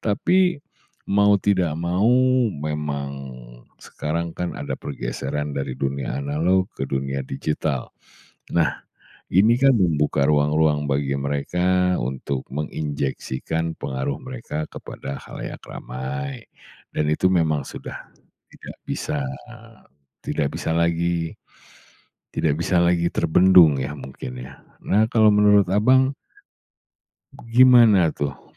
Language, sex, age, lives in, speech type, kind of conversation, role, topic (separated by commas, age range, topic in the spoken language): Indonesian, male, 40-44, Indonesia, conversation, podcast, host, Mengapa banyak orang suka mengikuti kehidupan sehari-hari influencer?